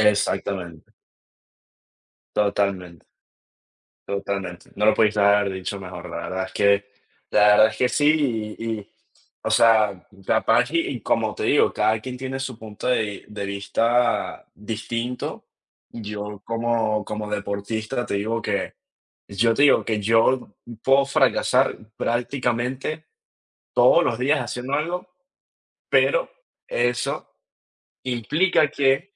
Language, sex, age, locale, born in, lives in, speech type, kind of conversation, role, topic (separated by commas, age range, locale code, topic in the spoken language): Spanish, male, 20-24, es-VE, Venezuela, United States, conversation, unstructured, none, ¿Qué te enseña el fracaso?